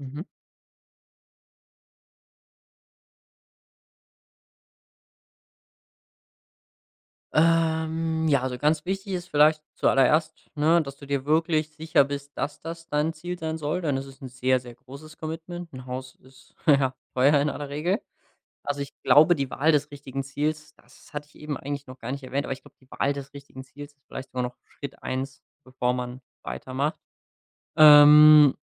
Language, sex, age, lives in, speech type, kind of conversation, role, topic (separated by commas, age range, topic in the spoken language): German, male, 25-29, Germany, conversation, podcast, guest, Wie setzt du dir Ziele, die dich wachsen lassen?